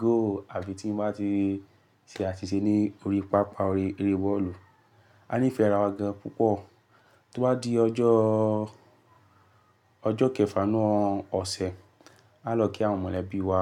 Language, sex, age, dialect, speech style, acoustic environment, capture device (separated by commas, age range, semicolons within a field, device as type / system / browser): Yoruba, male, 18-24, Nigeria - Lagos Yoruba, focused, silence, mobile / Linux / Chrome